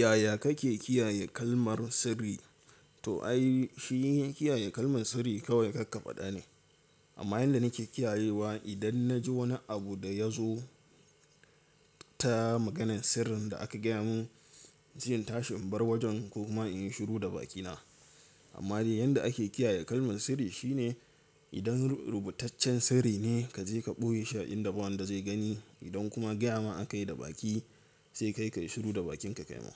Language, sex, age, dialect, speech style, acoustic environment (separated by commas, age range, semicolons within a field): Hausa, male, 18-24, Nigeria - Katsina, nervous; excited, silence